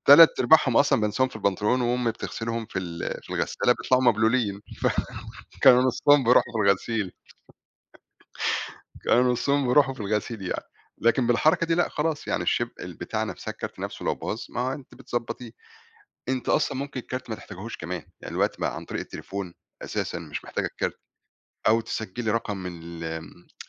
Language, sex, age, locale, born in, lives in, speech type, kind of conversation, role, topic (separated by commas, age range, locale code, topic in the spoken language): Arabic, male, 40-44, ar-EG, Egypt, Portugal, conversation, podcast, guest, إيه رأيك في الدفع الإلكتروني بدل الكاش؟